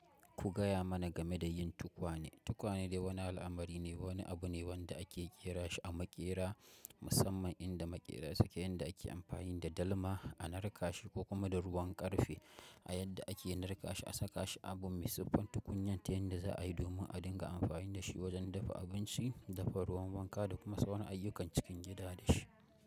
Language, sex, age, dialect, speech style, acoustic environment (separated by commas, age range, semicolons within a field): Hausa, male, 25-34, Nigeria - Kano, happy, nature